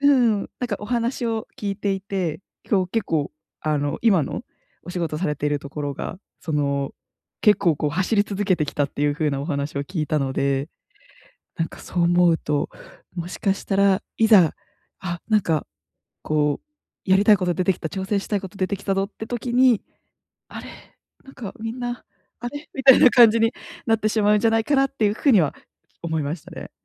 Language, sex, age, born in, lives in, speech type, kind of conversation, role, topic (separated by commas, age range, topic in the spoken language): Japanese, female, 25-29, Japan, Japan, conversation, advice, advisor, 安定した生活と情熱を両立するには、どうすればよいですか？